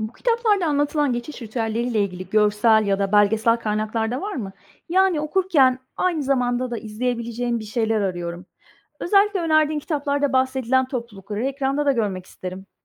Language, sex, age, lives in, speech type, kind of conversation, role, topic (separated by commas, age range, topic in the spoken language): Turkish, female, 45-49, Spain, read, expert-assistant, asker, Farklı kültürlerde geçiş ritüelleri nasıl değişiyor?